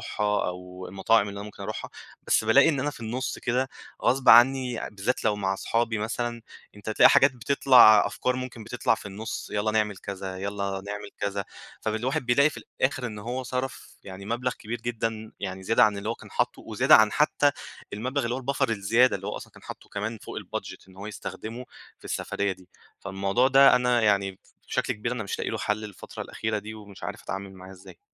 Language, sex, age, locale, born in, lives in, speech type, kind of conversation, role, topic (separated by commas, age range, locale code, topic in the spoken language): Arabic, male, 25-29, ar-EG, Egypt, Egypt, conversation, advice, user, إزاي أخطط لعطلتي من غير ما أرفع سقف توقعاتي وأتضايق؟